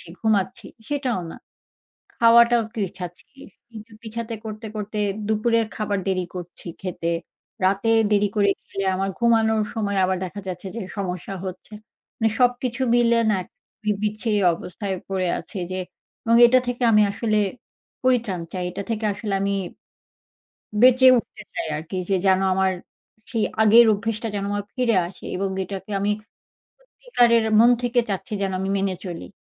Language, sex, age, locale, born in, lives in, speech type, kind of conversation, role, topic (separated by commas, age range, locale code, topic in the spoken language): Bengali, female, 40-44, bn-BD, Bangladesh, Finland, conversation, advice, user, আপনার অনিয়মিত কাজের সময়সূচির কারণে নিয়মিত সময়ে খাবার খাওয়া কেন কঠিন হয়ে যাচ্ছে?